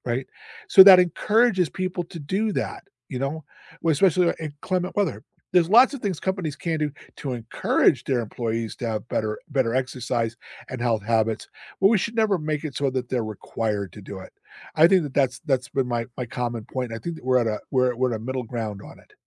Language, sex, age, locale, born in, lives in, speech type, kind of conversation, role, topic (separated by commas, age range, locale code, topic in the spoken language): English, male, 65-69, en-US, United States, United States, conversation, unstructured, none, Should employers require employees to exercise during paid work hours to improve health, productivity, and well-being?